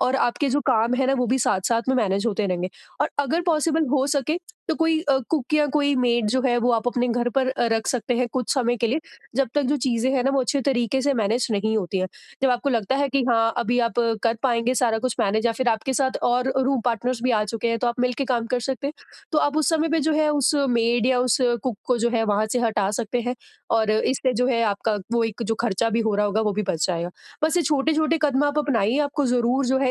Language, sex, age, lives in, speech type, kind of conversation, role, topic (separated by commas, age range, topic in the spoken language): Hindi, female, 25-29, India, conversation, advice, advisor, नए शहर में रहते हुए मैं पुरानी आदतों और यादों का संतुलन कैसे बना सकता/सकती हूँ?